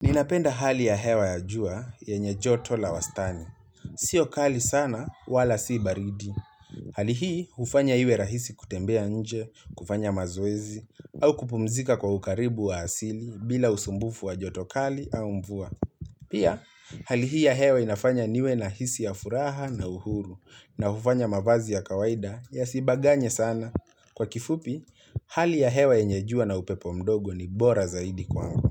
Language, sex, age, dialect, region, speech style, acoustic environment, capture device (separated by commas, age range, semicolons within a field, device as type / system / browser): Swahili, male, 25-34, Kenya - Nairobi Sheng-influenced, Kenya, relaxed; focused, nature; appliances, mobile / Linux / Chrome